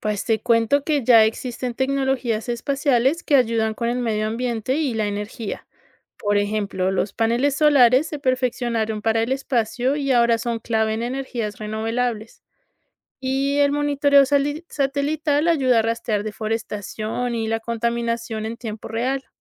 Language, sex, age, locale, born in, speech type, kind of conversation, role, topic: Spanish, female, 30-34, es-CO, Colombia, read, expert-assistant, expert, ¿Vale la pena invertir tantos recursos en explorar otros planetas cuando hay problemas en la Tierra?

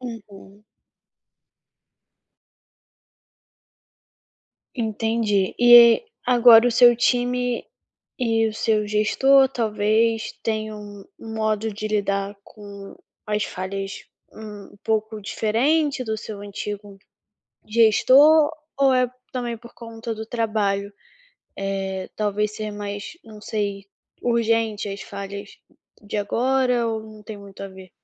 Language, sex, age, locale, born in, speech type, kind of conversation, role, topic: Portuguese, female, 20-24, pt-BR, Brazil, conversation, advice, advisor, Como posso reenquadrar falhas como oportunidades de aprendizado e crescimento?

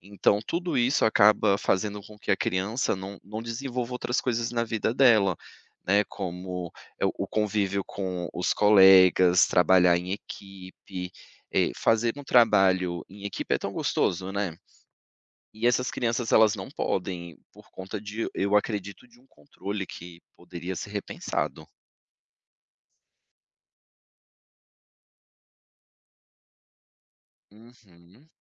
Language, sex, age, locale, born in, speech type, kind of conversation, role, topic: Portuguese, male, 35-39, pt-BR, Brazil, conversation, podcast, guest, Como a família pode apoiar sem controlar demais?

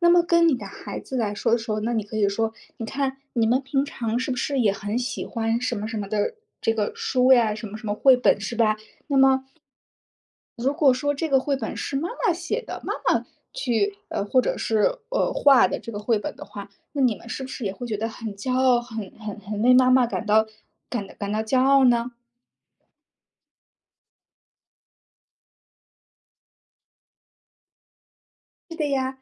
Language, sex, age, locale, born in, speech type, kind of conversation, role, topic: Chinese, female, 30-34, zh-CN, China, conversation, advice, advisor, 工作和家庭挤占创意时间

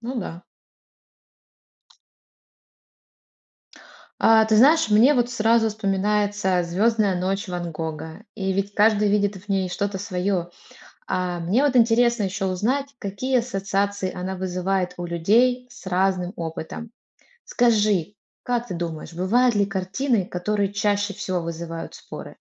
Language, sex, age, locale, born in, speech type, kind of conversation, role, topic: Russian, female, 30-34, ru-RU, Russia, read, expert-assistant, asker, Как сделать обсуждение картин более интересным и понятным для всех?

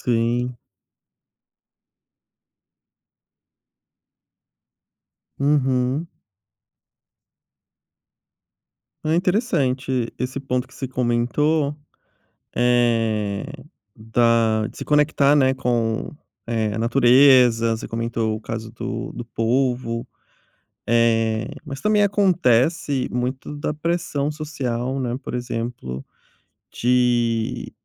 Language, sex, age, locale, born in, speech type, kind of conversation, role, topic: Portuguese, male, 30-34, pt-BR, Brazil, conversation, podcast, host, Como desligar do celular e aproveitar melhor o dia?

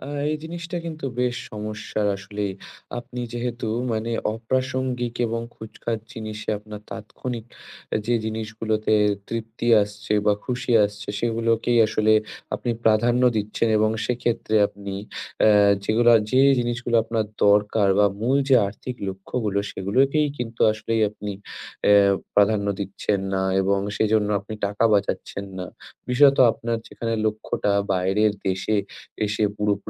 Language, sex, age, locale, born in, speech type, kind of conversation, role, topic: Bengali, male, 20-24, bn-IN, India, conversation, advice, advisor, দীর্ঘমেয়াদি লক্ষ্য ধরে রাখতে এবং অস্থায়ী তৃপ্তি এড়াতে আপনি কীভাবে নিজের অভ্যাস গড়ে তুলতে পারেন?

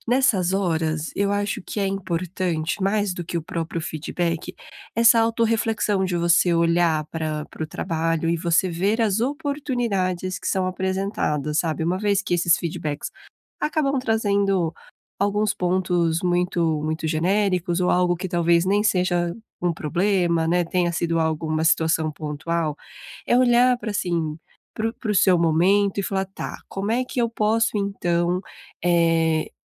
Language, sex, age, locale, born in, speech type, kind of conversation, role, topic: Portuguese, female, 30-34, pt-BR, Brazil, conversation, advice, advisor, Como posso transformar feedback em ações práticas para meu crescimento profissional?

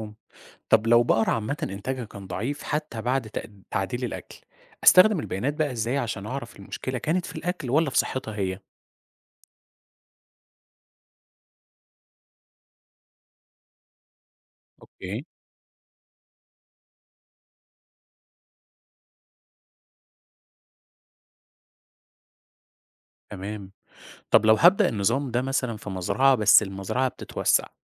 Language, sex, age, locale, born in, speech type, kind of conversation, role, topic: Arabic, male, 30-34, ar-EG, Egypt, read, expert-assistant, asker, إزاي أقدر أستفيد من التكنولوجيا الحديثة في متابعة صحة وإنتاجية المواشي بشكل يومي؟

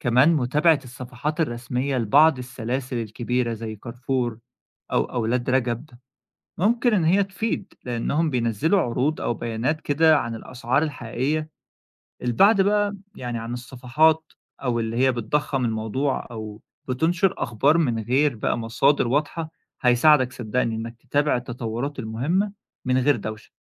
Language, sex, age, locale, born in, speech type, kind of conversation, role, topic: Arabic, male, 20-24, ar-EG, Egypt, read, expert-assistant, expert, إزاي أفرق بين الحقيقة والشائعة في أسعار السلع؟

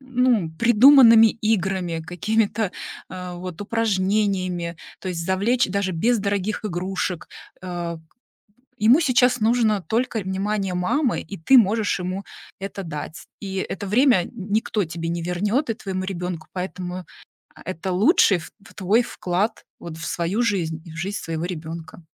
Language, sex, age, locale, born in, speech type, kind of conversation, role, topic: Russian, female, 40-44, ru-RU, Russia, conversation, advice, advisor, Как вы живёте от зарплаты до зарплаты?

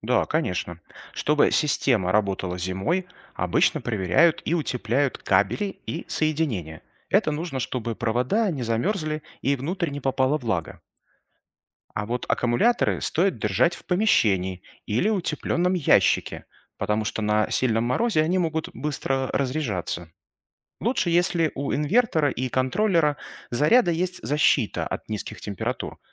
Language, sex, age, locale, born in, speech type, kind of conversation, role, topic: Russian, male, 35-39, ru-RU, Belarus, read, expert-assistant, expert, Как работает солнечная батарея?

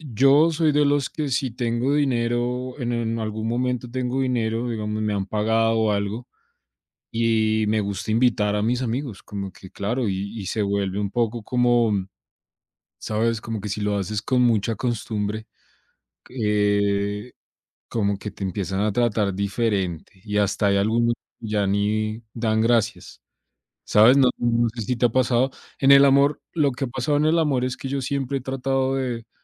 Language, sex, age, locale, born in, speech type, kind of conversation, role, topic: Spanish, male, 25-29, es-CO, Colombia, conversation, unstructured, none, ¿Crees que el dinero cambia la forma en que la gente te trata?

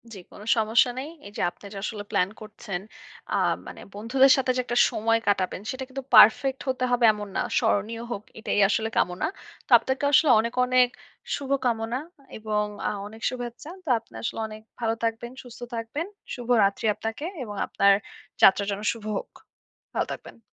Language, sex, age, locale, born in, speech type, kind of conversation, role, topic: Bengali, female, 25-29, bn-BD, Bangladesh, conversation, advice, advisor, বাজেট সীমাবদ্ধতায় কীভাবে সস্তায় ও মজারভাবে ছোট ভ্রমণ পরিকল্পনা করা যায়?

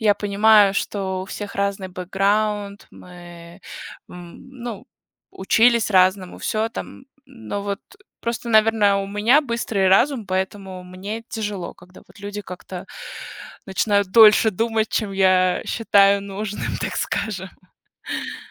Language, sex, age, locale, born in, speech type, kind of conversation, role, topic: Russian, female, 30-34, ru-RU, Russia, conversation, unstructured, none, Что вас больше всего бесит в чужом поведении?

